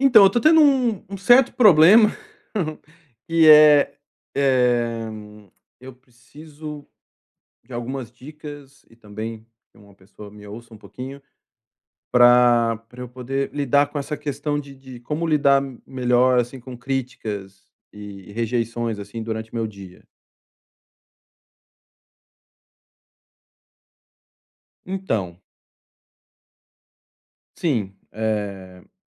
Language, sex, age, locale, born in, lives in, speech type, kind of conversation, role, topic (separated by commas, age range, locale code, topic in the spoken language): Portuguese, male, 45-49, pt-BR, Brazil, Spain, conversation, advice, user, Como posso lidar melhor com críticas e rejeições no dia a dia?